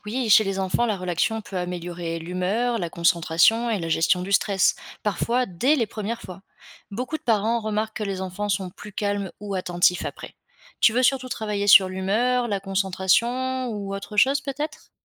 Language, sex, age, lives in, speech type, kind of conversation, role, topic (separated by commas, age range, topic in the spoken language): French, female, 25-29, France, read, expert-assistant, expert, Quels sont les meilleurs livres ou podcasts pour comprendre la physiologie humaine au quotidien ?